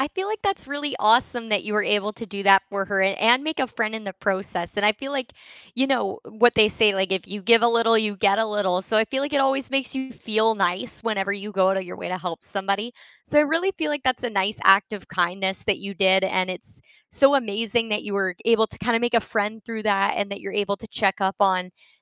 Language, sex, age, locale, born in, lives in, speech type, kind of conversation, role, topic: English, female, 20-24, en-CA, Canada, Canada, conversation, unstructured, none, What unexpected acts of kindness have you experienced or given?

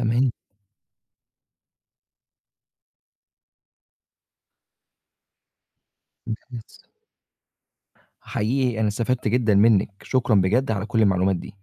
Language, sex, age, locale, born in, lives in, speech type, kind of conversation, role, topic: Arabic, male, 25-29, ar-EG, Egypt, Egypt, read, expert-assistant, asker, إيه الأسباب ورا تغير سلوك المستهلكين واهتمامهم بمنتجات أو خدمات معينة فجأة؟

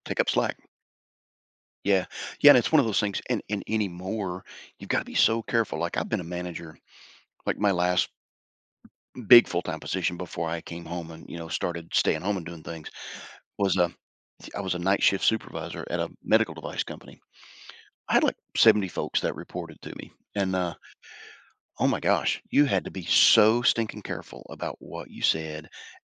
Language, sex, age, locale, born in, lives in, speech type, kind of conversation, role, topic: English, male, 55-59, en-US, United States, United States, conversation, podcast, host, What factors make it difficult to maintain a healthy balance between work and personal life?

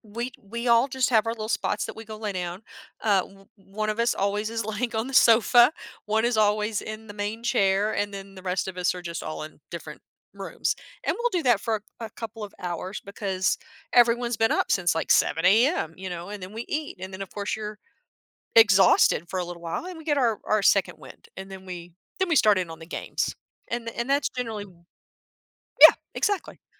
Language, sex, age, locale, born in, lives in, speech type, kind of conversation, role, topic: English, female, 50-54, en-US, United States, United States, conversation, podcast, guest, Why do shared meals have such a strong impact on our relationships and sense of community?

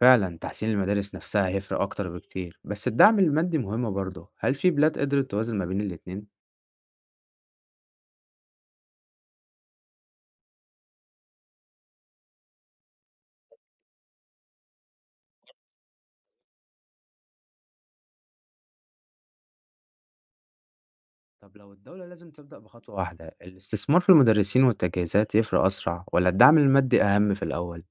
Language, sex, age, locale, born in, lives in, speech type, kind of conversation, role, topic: Arabic, male, 20-24, ar-EG, Egypt, Egypt, read, expert-assistant, asker, لو حبينا نشرح عدم المساواة الاجتماعية في مصر كأنها سباق جري، إيه الأنالوجي أو التشبيه اللي ممكن يوضح الفكرة دي؟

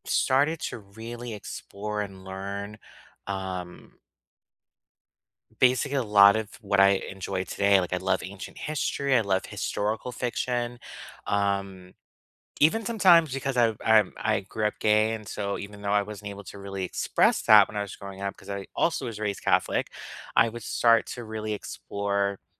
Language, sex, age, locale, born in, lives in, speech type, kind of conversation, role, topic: English, male, 35-39, en-US, United States, United States, conversation, unstructured, none, How have libraries shaped your learning journey, past and present?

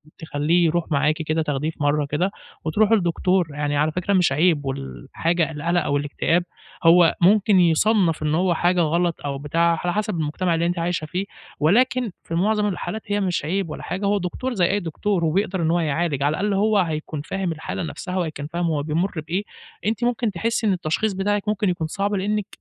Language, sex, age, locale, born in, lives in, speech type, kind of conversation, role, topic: Arabic, male, 20-24, ar-EG, Egypt, Egypt, conversation, advice, advisor, إزاي أتعامل مع شريكي/شريكتي اللي بيعاني/بتعاني من اكتئاب أو قلق؟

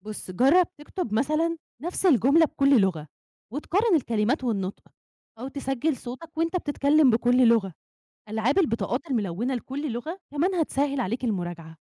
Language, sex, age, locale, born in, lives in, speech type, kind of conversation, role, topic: Arabic, female, 20-24, ar-EG, Egypt, Egypt, read, expert-assistant, expert, هل تعلم لغتين قريبين من بعض زي الإيطالي والإسباني بيسهّل عليك تعلم لغات تانية؟ إيه مميزات وصعوبات المقارنة بين اللغات القريبة؟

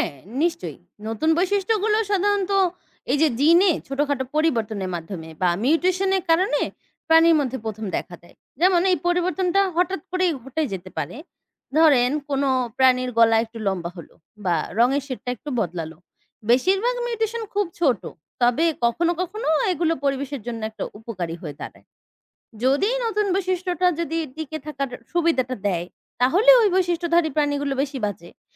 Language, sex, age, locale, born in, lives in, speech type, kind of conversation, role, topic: Bengali, female, 20-24, bn-BD, Bangladesh, Bangladesh, read, expert-assistant, expert, বিবর্তন সম্পর্কে নির্ভরযোগ্য ও সহজ তথ্য কোথায় পাওয়া যায়?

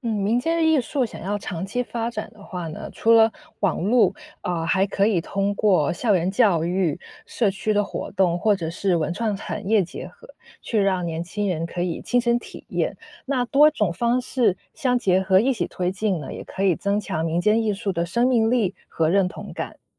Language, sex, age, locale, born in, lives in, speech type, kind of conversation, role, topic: Chinese, female, 30-34, zh-CN, China, United States, read, expert-assistant, expert, 全球化对各国传统文化的影响有哪些？为什么有些传统能够保留，而有些却逐渐消失？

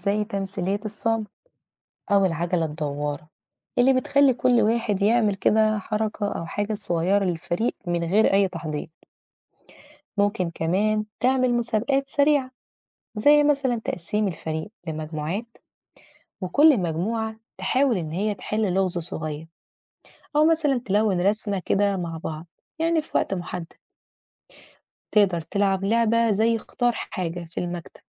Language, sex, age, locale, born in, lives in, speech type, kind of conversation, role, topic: Arabic, female, 30-34, ar-EG, Egypt, Portugal, read, expert-assistant, expert, كيف تؤثر الألعاب الجماعية على روح الفريق؟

